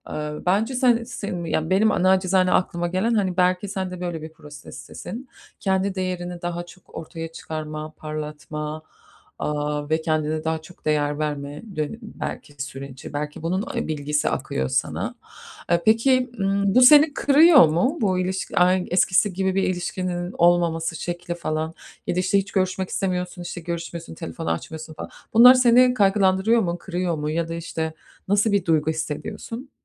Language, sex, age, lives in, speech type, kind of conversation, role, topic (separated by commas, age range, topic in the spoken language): Turkish, female, 35-39, United States, conversation, advice, advisor, Sosyal hayatımı geliştirmekte veya anlamlı ilişkiler kurmakta neden zorlanıyorum?